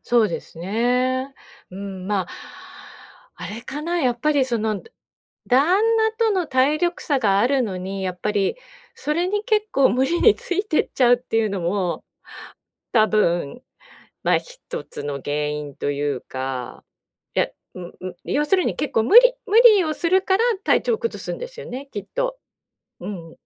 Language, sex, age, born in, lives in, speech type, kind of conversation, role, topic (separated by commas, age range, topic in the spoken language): Japanese, female, 50-54, Japan, Japan, conversation, advice, user, 移動中にすぐ疲れてしまうのですが、旅行中の体調管理はどうすればよいですか？